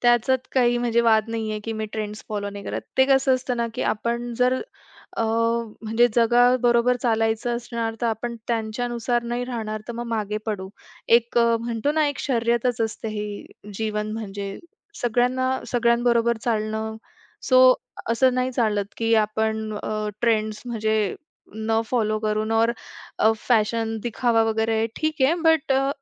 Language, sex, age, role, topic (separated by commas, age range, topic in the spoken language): Marathi, female, 25-29, guest, फॅशनचे ट्रेंड्स पाळायचे की नाही, हे तुम्ही कसे ठरवता?